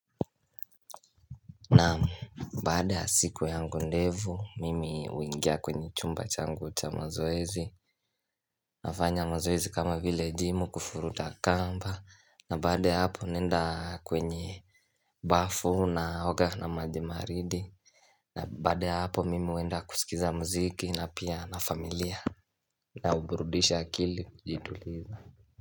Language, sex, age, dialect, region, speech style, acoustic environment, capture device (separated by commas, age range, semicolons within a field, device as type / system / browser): Swahili, male, 18-24, Kenya - Mombasa, Kenya, happy, silence, mobile / Linux / Chrome